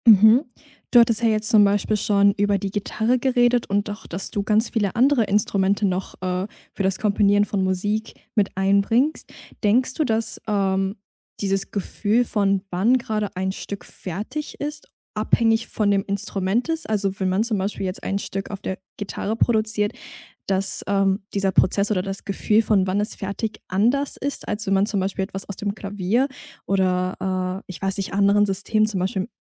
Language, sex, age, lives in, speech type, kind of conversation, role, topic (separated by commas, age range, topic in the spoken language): German, female, 20-24, Germany, conversation, podcast, host, Woran merkst du, dass ein Werk fertig ist?